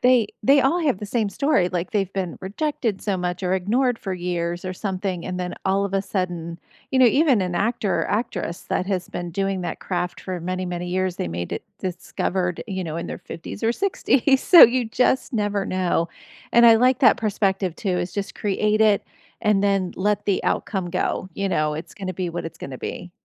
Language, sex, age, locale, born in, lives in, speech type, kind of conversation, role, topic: English, female, 45-49, en-US, United States, United States, conversation, unstructured, none, How would you use an extra five hours a week over the next year?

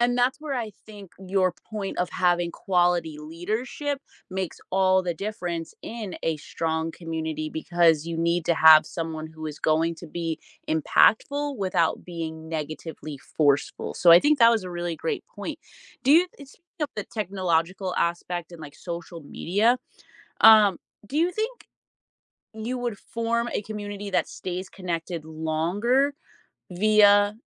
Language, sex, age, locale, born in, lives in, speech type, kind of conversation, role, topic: English, female, 30-34, en-US, United States, United States, conversation, podcast, host, What makes a community strong to you?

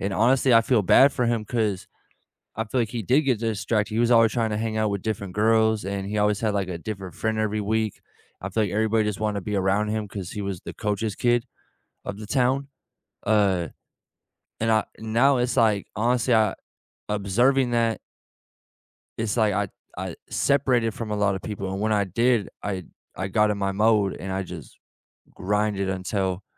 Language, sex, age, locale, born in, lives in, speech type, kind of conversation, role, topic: English, male, 25-29, en-US, United States, United States, conversation, unstructured, none, How does your team celebrate big and small wins in ways that strengthen connection?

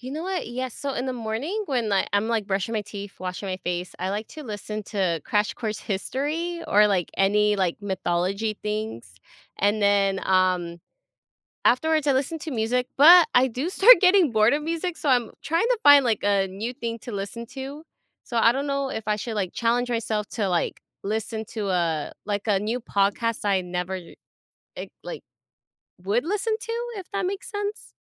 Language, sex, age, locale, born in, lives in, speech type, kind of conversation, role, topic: English, female, 25-29, en-US, United States, United States, conversation, advice, user, How can I reduce stress?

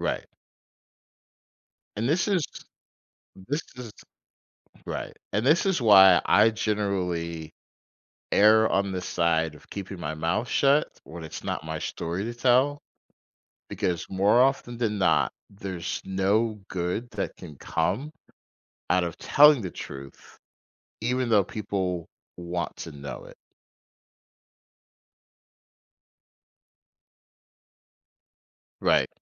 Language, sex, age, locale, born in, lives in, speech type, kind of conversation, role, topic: English, male, 45-49, en-US, United States, United States, conversation, advice, advisor, How can I handle disagreements with family without damaging our relationship?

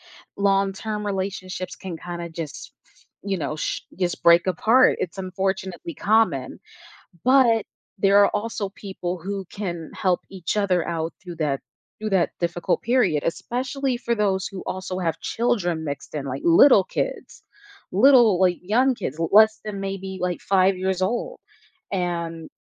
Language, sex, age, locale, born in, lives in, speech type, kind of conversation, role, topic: English, female, 25-29, en-US, United States, United States, conversation, advice, advisor, How can I cope with a recent breakup?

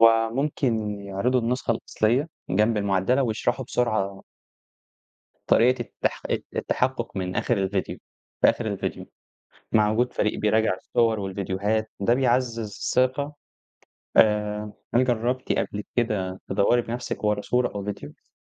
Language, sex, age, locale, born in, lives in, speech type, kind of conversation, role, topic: Arabic, male, 20-24, ar-EG, Egypt, Egypt, read, expert-assistant, expert, إيه الأفكار المبتكرة اللي ممكن تساعد الصحافة في إنها تفضل مصدر موثوق للمعلومات في زمن السوشيال ميديا والإشاعات؟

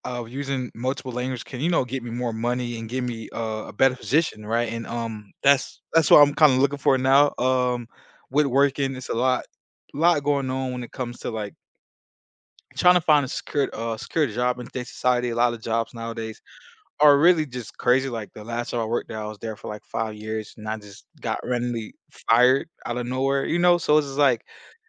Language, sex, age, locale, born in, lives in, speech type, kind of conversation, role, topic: English, male, 25-29, en-US, United States, United States, conversation, unstructured, none, Would you rather be able to speak every language fluently or communicate with animals?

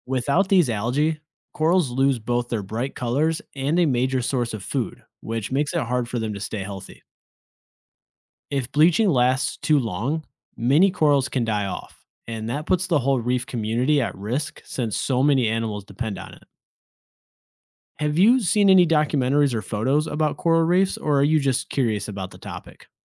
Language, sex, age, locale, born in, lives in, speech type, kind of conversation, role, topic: English, male, 30-34, en-US, United States, United States, read, expert-assistant, expert, How do coral reefs support so many different kinds of sea life?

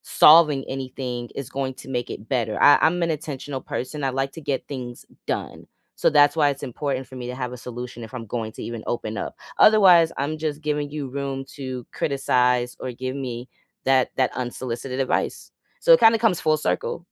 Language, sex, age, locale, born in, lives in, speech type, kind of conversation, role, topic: English, female, 30-34, en-US, United States, United States, conversation, unstructured, none, How do you ask for help and offer support to others?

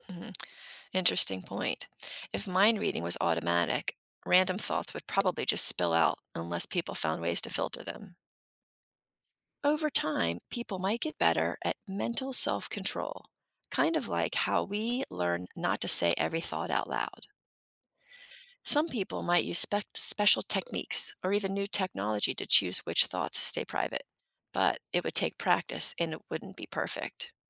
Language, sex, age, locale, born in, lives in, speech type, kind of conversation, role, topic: English, female, 55-59, en-US, United States, United States, read, expert-assistant, expert, What if we could read each other's thoughts?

